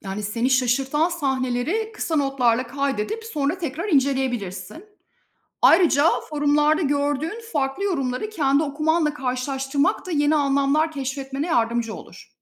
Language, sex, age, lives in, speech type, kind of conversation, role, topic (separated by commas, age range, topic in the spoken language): Turkish, female, 45-49, Spain, read, expert-assistant, expert, Klasik romanları daha iyi anlamak için hangi yöntemler kullanılabilir?